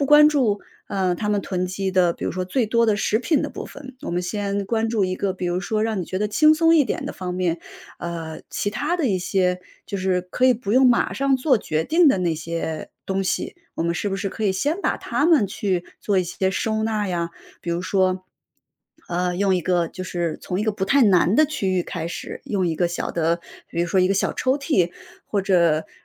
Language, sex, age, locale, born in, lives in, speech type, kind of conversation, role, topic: Chinese, female, 35-39, zh-CN, China, United States, conversation, advice, advisor, 家里东西太多了，想断舍离却舍不得丢，该怎么办？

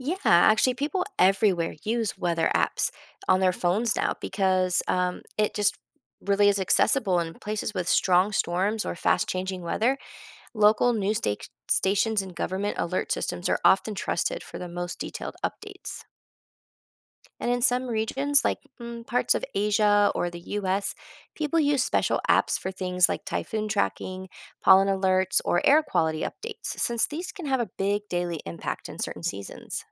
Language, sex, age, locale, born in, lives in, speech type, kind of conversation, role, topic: English, female, 40-44, en-US, United States, United States, read, expert-assistant, expert, How do weather patterns impact daily life globally?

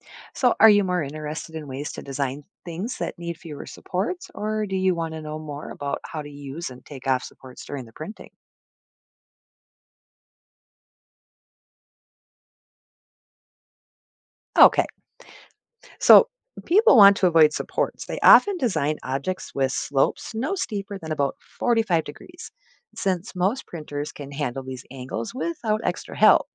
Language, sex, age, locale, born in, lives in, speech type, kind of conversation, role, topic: English, female, 45-49, en-US, United States, United States, read, expert-assistant, expert, What should you know before creating and printing objects with a 3D printer?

